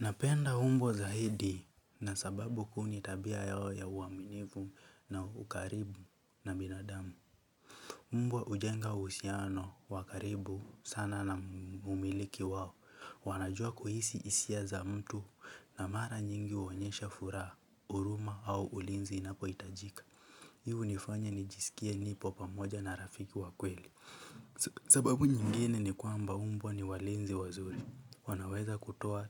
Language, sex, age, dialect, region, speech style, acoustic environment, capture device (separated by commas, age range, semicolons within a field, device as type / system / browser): Swahili, male, 18-24, Kenya - Nairobi Sheng-influenced, Kenya, relaxed, nature, mobile / Linux / Chrome